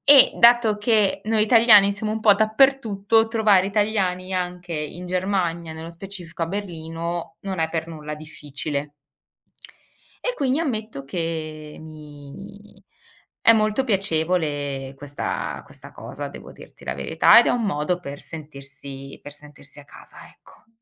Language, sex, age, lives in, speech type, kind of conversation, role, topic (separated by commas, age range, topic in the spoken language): Italian, female, 40-44, Germany, conversation, podcast, guest, Cosa significa per te sentirti a casa lontano dalla patria?